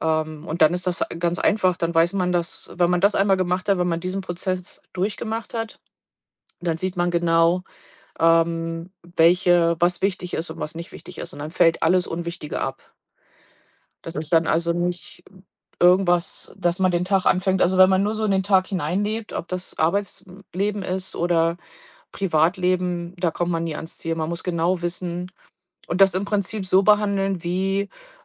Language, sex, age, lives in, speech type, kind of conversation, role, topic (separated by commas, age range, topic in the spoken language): German, female, 45-49, United States, conversation, podcast, guest, Wie sortierst du Prioritäten, wenn alles wichtig erscheint?